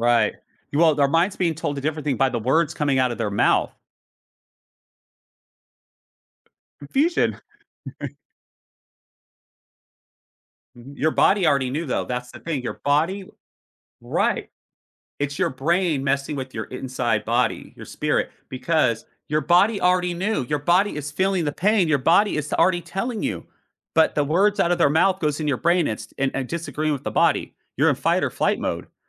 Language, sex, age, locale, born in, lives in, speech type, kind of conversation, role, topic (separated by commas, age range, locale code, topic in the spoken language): English, male, 45-49, en-US, United States, United States, conversation, advice, advisor, How can I notice and savor small moments of happiness in my day?